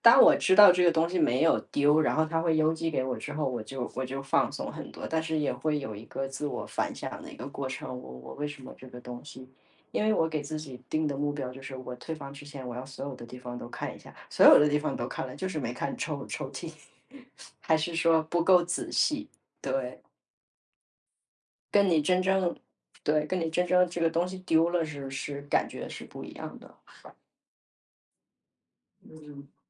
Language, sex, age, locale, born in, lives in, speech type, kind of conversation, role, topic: Chinese, female, 35-39, zh-CN, China, United States, conversation, podcast, guest, 你能分享一次让你哭笑不得的旅行囧事吗？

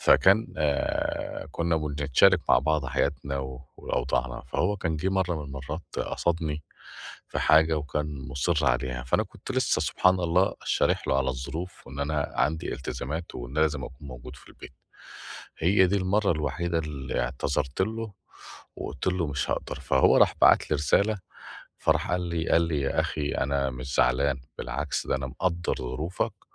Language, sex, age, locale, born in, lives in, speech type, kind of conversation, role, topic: Arabic, male, 45-49, ar-EG, Egypt, Portugal, conversation, advice, user, إزاي أقدر أقول "لا" من غير ما أحس بالذنب، وأحط حدود واضحة لوقتي مع الناس؟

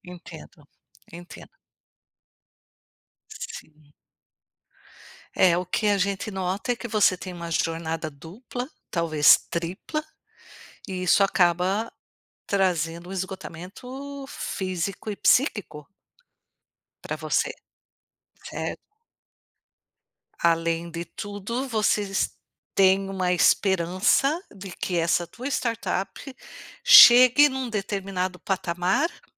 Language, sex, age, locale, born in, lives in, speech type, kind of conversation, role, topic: Portuguese, female, 55-59, pt-BR, Brazil, United States, conversation, advice, advisor, Como lidar com a frustração de um crescimento lento apesar de muito esforço?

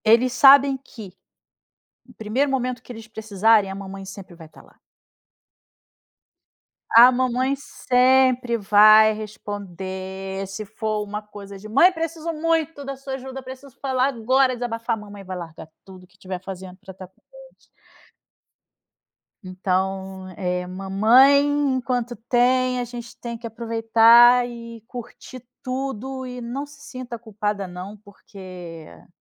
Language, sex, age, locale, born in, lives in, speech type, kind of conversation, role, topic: Portuguese, female, 40-44, pt-BR, Brazil, Spain, conversation, advice, advisor, Como posso equilibrar o tempo entre trabalho e família sem me sentir culpado?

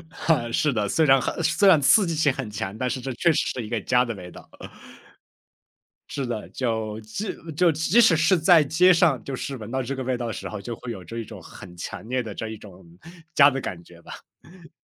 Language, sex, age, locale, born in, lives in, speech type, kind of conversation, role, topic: Chinese, male, 25-29, zh-CN, China, United States, conversation, podcast, guest, 你家里有没有代代相传的拿手菜可以和大家分享？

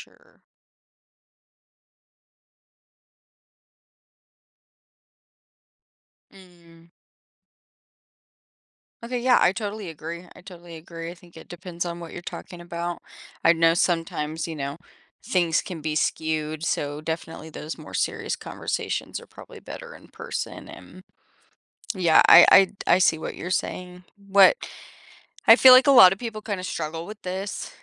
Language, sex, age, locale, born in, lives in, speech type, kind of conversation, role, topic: English, female, 25-29, en-US, United States, United States, conversation, podcast, host, How is technology changing the way families connect and spend time together?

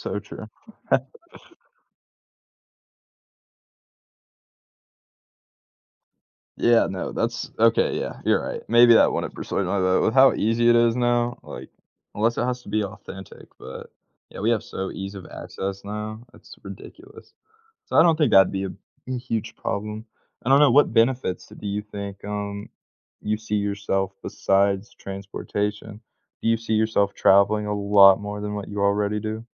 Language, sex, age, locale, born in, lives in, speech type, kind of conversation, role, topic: English, male, 20-24, en-US, United States, United States, conversation, unstructured, none, Would you rather be able to teleport anywhere instantly or fly at a slow speed?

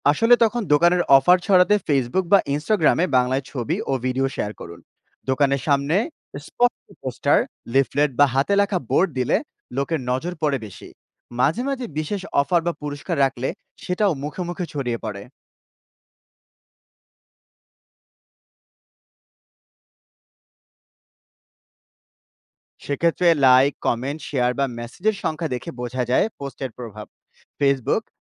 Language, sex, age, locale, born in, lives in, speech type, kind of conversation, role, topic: Bengali, male, 30-34, bn-BD, Bangladesh, Bangladesh, read, expert-assistant, expert, একটা ছোট ব্যবসার জন্য মানুষের সঙ্গে সহজে যোগাযোগ গড়ে তুলতে কী কী নতুন উপায় ভাবা যায়?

